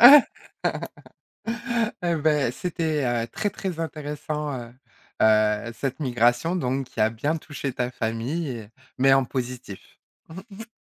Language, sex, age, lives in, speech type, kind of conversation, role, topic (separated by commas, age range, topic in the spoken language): French, female, 40-44, France, conversation, podcast, host, Comment la migration a-t-elle touché ta famille ?